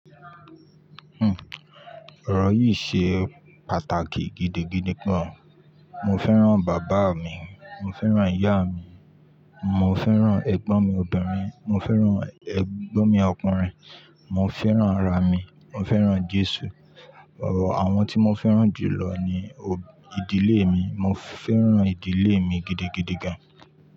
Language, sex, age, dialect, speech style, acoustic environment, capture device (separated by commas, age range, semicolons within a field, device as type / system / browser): Yoruba, male, 25-34, Nigeria - Ibadan, happy, people talking, mobile / Linux / Chrome